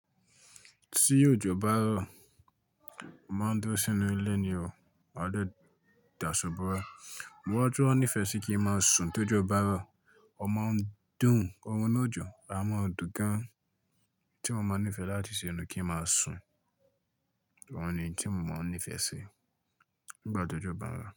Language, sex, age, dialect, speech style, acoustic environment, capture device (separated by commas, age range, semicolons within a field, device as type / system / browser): Yoruba, male, 25-34, Nigeria - Ibadan, focused; relaxed; excited, silence, mobile / Linux / Chrome